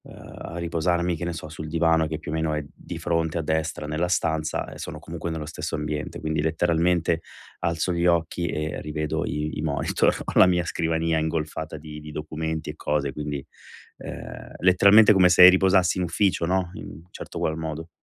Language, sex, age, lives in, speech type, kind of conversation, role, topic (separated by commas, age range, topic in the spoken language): Italian, male, 40-44, Italy, conversation, advice, user, Come posso riuscire a rilassarmi a casa anche quando ho tempo libero?